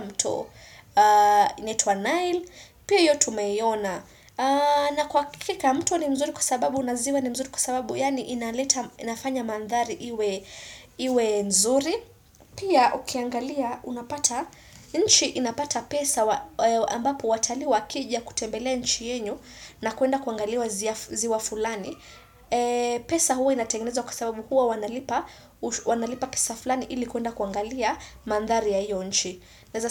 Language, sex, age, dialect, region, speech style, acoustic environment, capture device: Swahili, female, 25-34, Kenya - Nairobi Sheng-influenced, Kenya, excited; focused, silence, desktop / Windows / Chrome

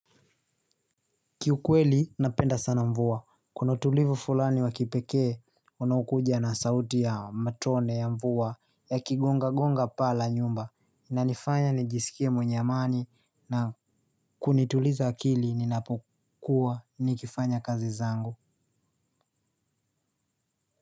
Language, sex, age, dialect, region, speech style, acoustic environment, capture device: Swahili, male, 18-24, Kenya - Mombasa, Kenya, happy, nature, mobile / Linux / Chrome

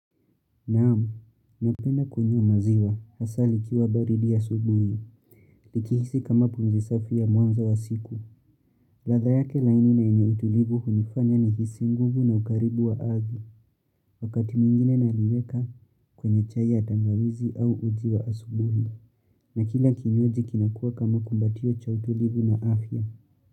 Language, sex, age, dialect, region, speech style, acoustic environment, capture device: Swahili, male, 18-24, Kenya - Nairobi Sheng-influenced, Kenya, relaxed, nature; appliances, mobile / Linux / Chrome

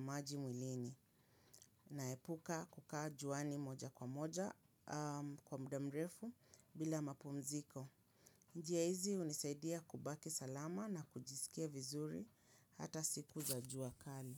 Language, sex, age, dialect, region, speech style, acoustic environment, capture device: Swahili, female, 35-44, Kenya - Nairobi Sheng-influenced, Kenya, happy; relaxed; focused; excited, people talking; nature; silence; appliances, mobile / Linux / Chrome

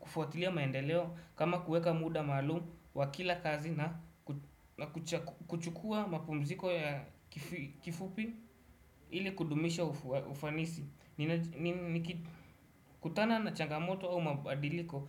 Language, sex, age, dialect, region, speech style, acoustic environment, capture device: Swahili, male, 18-24, Kenya - Nairobi Sheng-influenced, Kenya, happy; anxious; relaxed; nervous; excited; focused, people talking; music; traffic; construction; appliances, desktop / Windows / Chrome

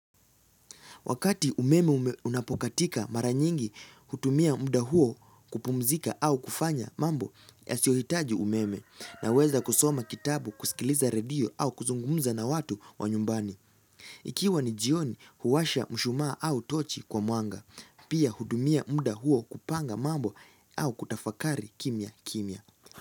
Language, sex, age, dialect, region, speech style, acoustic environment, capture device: Swahili, male, 18-24, Kenya - Nairobi Sheng-influenced, Kenya, excited; focused; relaxed, nature; silence, mobile / Linux / Chrome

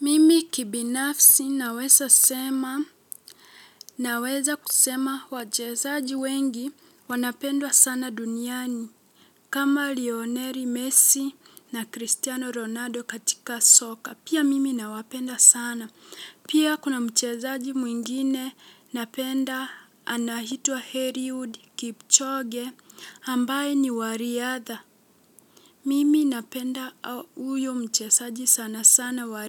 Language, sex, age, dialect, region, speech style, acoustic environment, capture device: Swahili, female, 18-24, Kenya - Mombasa, Kenya, relaxed, nature; silence, mobile / Linux / Chrome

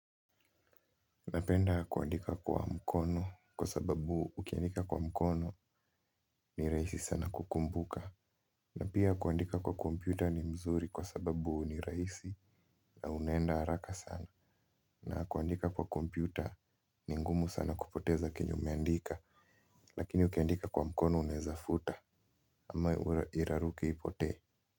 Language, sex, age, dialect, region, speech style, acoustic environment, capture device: Swahili, male, 18-24, Kenya - Nairobi Sheng-influenced, Kenya, happy; relaxed; focused, silence, mobile / Linux / Chrome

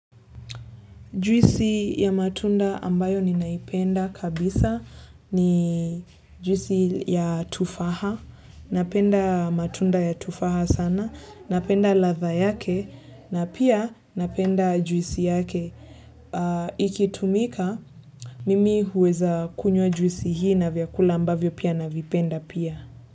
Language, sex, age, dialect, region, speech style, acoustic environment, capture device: Swahili, female, 18-24, Kenya - Nairobi Sheng-influenced, Kenya, relaxed, silence, desktop / Linux / Chrome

